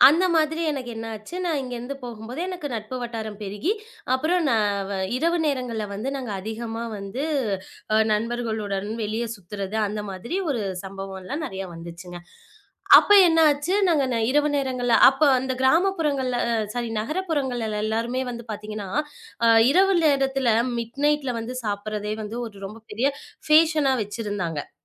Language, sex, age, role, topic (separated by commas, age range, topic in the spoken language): Tamil, female, 25-29, guest, நீங்கள் தவறான முடிவு எடுத்துவிட்டீர்கள் என்று நினைத்தால், அதை எப்படி திருத்தலாம்?